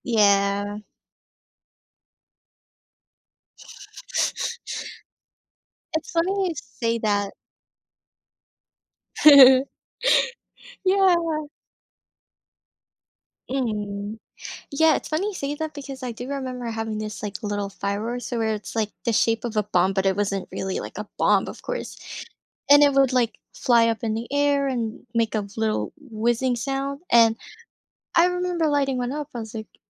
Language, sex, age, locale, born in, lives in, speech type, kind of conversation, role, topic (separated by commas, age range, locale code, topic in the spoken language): English, female, 20-24, en-US, Philippines, United States, conversation, podcast, host, What can we learn from the ways different cultures celebrate their holidays?